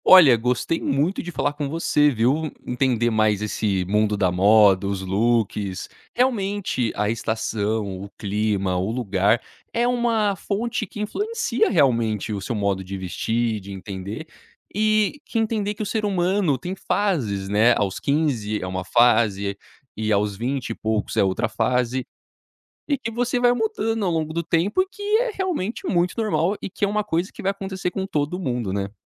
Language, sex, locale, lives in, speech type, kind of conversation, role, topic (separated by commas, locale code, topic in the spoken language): Portuguese, male, pt-BR, United States, conversation, podcast, host, Como seu estilo mudou ao longo dos anos?